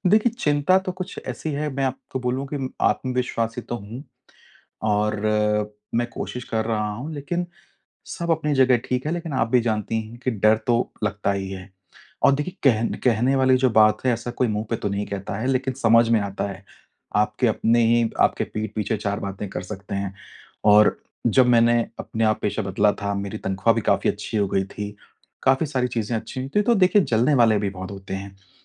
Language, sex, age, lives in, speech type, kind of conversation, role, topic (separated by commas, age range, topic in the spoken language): Hindi, male, 35-39, India, conversation, advice, user, मैं किसी शर्मनाक पल के बाद जल्दी कैसे संभल सकता/सकती हूँ?